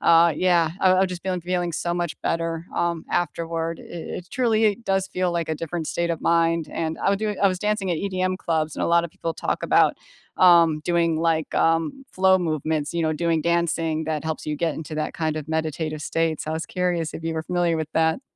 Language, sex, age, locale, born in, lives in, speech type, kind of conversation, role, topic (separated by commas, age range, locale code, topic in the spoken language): English, female, 40-44, en-US, United States, United States, conversation, unstructured, none, What helps you recharge when life gets loud, and how can we support each other?